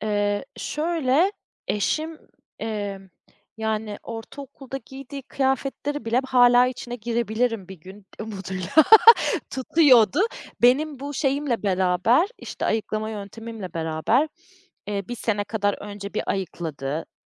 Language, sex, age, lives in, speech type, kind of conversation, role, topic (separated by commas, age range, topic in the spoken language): Turkish, female, 30-34, Germany, conversation, podcast, guest, Dağınıklığı hızlıca toparlamak için ne yaparsın?